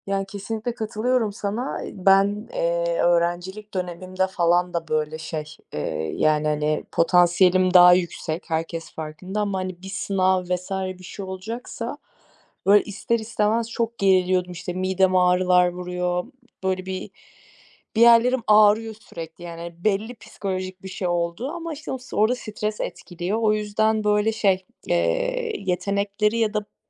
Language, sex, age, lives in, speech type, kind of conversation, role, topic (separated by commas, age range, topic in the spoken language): Turkish, female, 25-29, Germany, conversation, unstructured, none, Sınavların öğrencilerin geleceğini belirlemesi sence doğru mu?